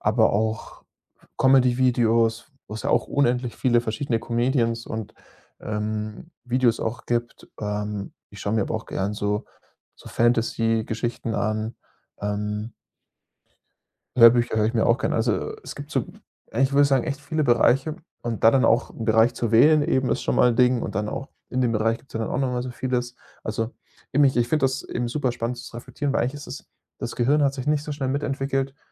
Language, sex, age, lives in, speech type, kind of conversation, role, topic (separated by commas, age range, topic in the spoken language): German, male, 25-29, Germany, conversation, podcast, guest, Was machst du, wenn dich zu viele Optionen überfordern?